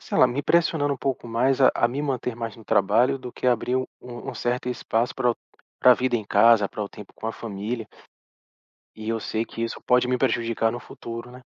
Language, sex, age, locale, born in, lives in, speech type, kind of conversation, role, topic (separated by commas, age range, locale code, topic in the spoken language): Portuguese, male, 40-44, pt-BR, Brazil, Portugal, conversation, advice, user, Como posso definir limites claros entre o trabalho remoto e a vida em casa?